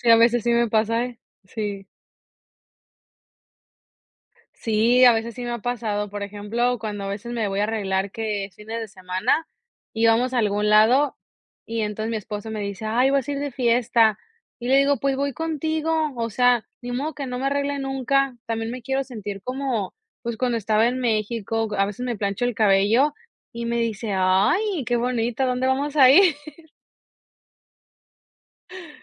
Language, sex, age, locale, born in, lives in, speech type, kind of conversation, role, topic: Spanish, female, 25-29, es-MX, Mexico, United States, conversation, podcast, guest, ¿Cómo se refleja tu cultura en tu vestimenta?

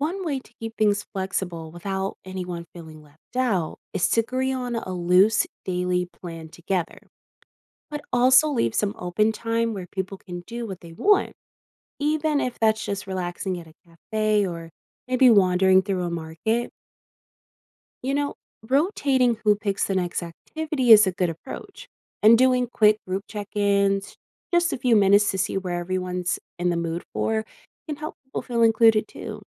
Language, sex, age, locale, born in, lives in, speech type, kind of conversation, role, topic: English, female, 20-24, en-US, United States, United States, read, expert-assistant, expert, How much should you plan a trip in advance versus leaving room for spontaneous adventures?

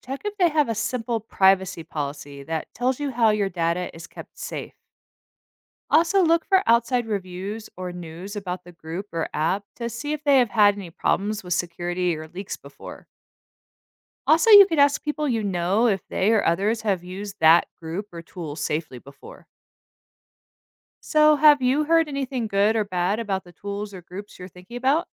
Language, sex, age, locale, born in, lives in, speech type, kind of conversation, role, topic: English, female, 40-44, en-US, United States, United States, read, expert-assistant, expert, Why is it important to stand up against injustice?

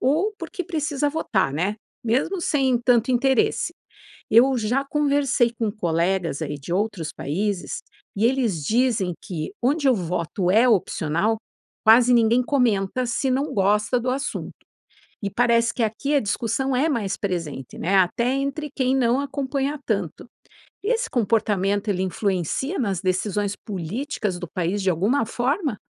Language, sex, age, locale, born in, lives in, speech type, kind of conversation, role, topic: Portuguese, female, 50-54, pt-BR, Brazil, United States, read, expert-assistant, asker, Como posso usar conversas do dia a dia pra aprender sobre as diferenças entre o Brasil e outros países na política?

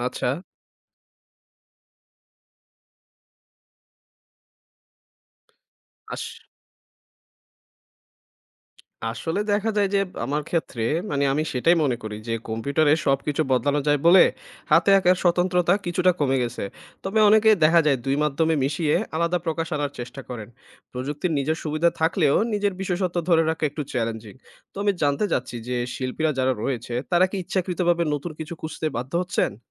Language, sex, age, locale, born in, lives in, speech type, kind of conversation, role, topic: Bengali, male, 25-29, bn-BD, Bangladesh, Bangladesh, read, expert-assistant, asker, ডিজিটাল আর্ট এবং হাতে আঁকা শিল্পকর্ম—কোনটি আজকের যুগে শিল্পের ভবিষ্যৎ বেশি গঠন করছে?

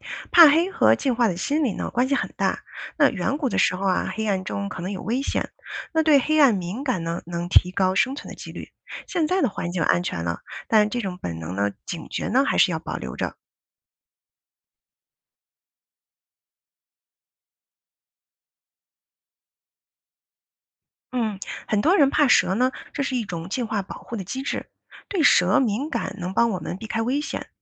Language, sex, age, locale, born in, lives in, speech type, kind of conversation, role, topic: Chinese, female, 40-44, zh-CN, China, United States, read, expert-assistant, expert, 你觉得我们今天的行为习惯，哪些可能是进化留下的痕迹？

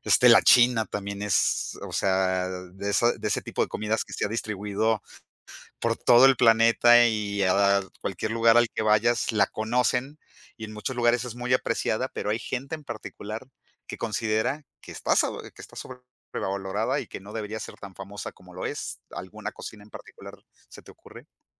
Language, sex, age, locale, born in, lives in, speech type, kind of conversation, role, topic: Spanish, male, 50-54, es-MX, Mexico, Mexico, conversation, unstructured, none, ¿Qué comida consideras sobrevalorada y por qué?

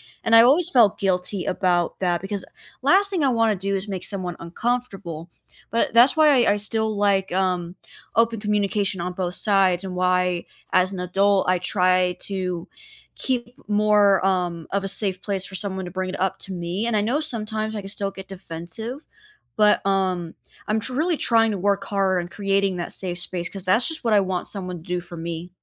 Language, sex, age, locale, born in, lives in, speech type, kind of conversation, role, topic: English, female, 20-24, en-US, United States, United States, conversation, unstructured, none, What makes you feel truly appreciated and valued in a relationship, both day to day and during major moments?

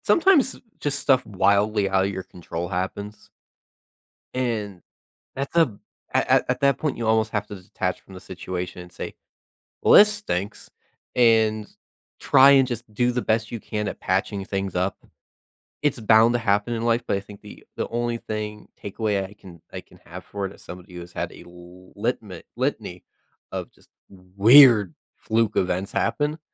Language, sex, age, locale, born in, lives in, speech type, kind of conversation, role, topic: English, male, 20-24, en-US, United States, United States, conversation, podcast, guest, What can we learn from the challenges and failures we face in life?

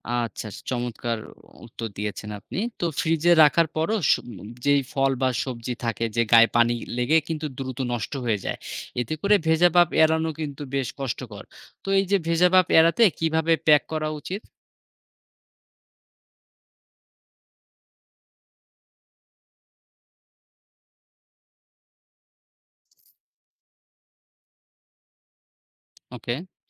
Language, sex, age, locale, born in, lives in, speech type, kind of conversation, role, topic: Bengali, male, 30-34, bn-BD, Bangladesh, Bangladesh, read, expert-assistant, asker, বাড়িতে ফলমূল ও সবজি নিরাপদে রাখার জন্য সবচেয়ে কার্যকর উপায় কী হতে পারে?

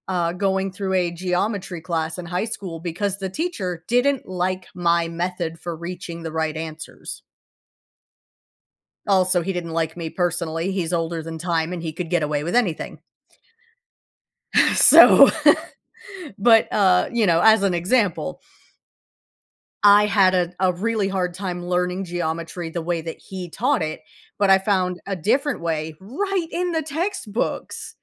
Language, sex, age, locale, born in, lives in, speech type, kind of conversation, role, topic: English, female, 35-39, en-US, United States, United States, conversation, podcast, guest, What helps you keep going when learning gets tough?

